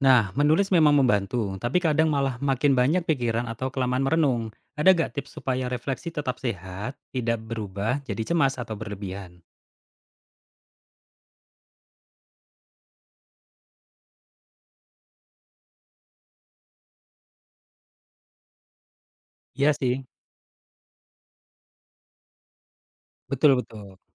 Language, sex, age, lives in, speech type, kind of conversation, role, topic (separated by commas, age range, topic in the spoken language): Indonesian, male, 35-39, Indonesia, read, expert-assistant, asker, Bagaimana mengelola emosi diri ketika harus membuat keputusan besar yang penuh tekanan agar tidak menyesal di kemudian hari?